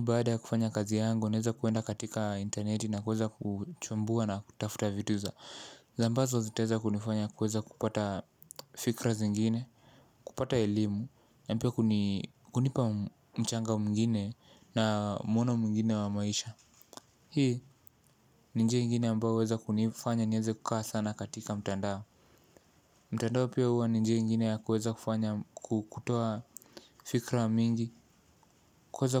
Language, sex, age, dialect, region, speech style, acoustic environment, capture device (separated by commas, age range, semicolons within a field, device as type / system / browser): Swahili, male, 18-24, Kenya - Mombasa, Kenya, tired; relaxed, silence, mobile / Linux / Chrome